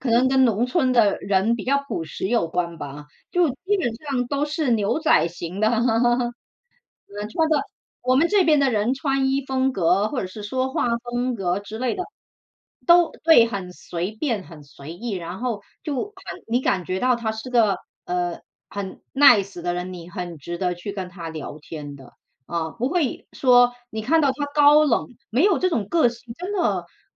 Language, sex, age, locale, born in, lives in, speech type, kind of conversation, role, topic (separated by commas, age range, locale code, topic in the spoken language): Chinese, female, 40-44, zh-CN, China, United States, conversation, unstructured, none, 你喜欢用什么方式来表达自己的个性？